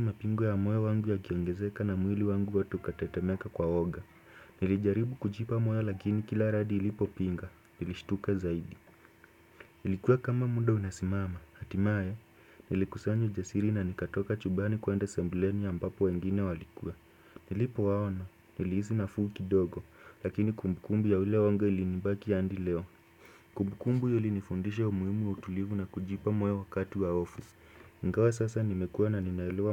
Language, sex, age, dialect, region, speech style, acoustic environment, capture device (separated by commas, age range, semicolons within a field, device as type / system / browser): Swahili, male, 18-24, Kenya - Nairobi Sheng-influenced, Kenya, focused, silence, desktop / Windows / Chrome